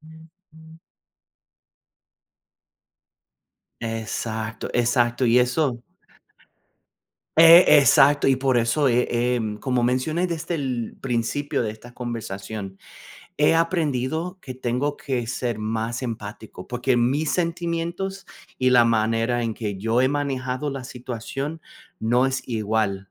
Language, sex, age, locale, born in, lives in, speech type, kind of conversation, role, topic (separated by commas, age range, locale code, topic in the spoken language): Spanish, male, 40-44, es-US, United States, United States, conversation, unstructured, none, ¿Qué crees que ayuda a sobrellevar el dolor después de una muerte?